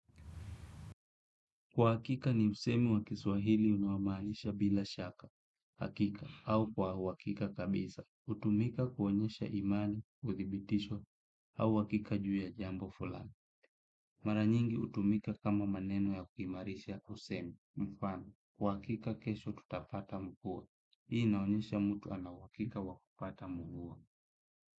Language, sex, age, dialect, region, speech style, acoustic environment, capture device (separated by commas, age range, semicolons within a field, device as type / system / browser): Swahili, male, 25-34, Kenya - Kitui, Kenya, happy; relaxed, silence, desktop / Windows / Chrome